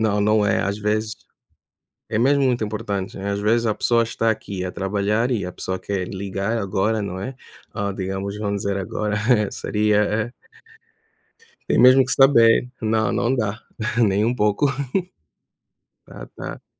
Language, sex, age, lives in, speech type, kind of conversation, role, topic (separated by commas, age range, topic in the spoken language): Portuguese, male, 25-29, United States, conversation, unstructured, none, Qual é o segredo para manter uma amizade de longa data?